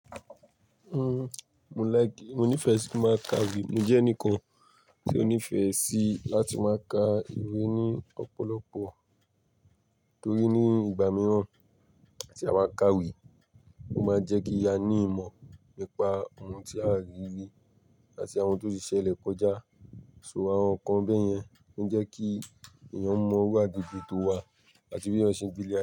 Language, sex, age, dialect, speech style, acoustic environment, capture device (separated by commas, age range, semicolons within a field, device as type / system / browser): Yoruba, male, 18-24, Nigeria - Lagos Yoruba, tired, people talking, mobile / Linux / Chrome